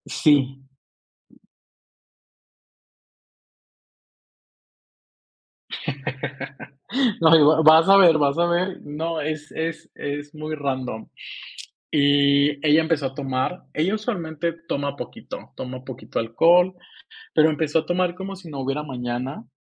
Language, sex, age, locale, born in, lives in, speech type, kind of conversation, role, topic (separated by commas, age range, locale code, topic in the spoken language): Spanish, male, 25-29, es-MX, Mexico, Mexico, conversation, podcast, guest, ¿Qué recuerdo de viaje te hace reír cada vez?